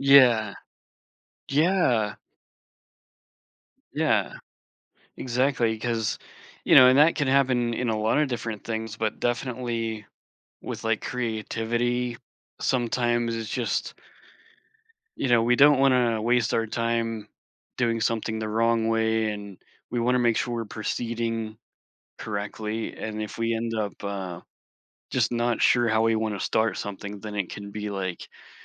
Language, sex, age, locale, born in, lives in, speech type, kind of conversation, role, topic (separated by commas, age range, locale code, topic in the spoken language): English, male, 40-44, en-US, United States, United States, conversation, podcast, guest, What helps you regain inspiration when your creativity feels stuck?